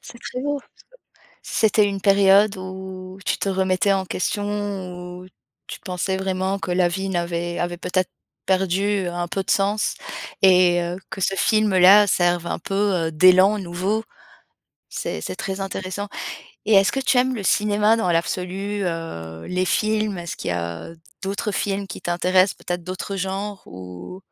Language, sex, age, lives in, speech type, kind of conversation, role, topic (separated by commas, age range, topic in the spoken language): French, female, 35-39, France, conversation, podcast, host, Quel est le film qui t’a vraiment marqué ?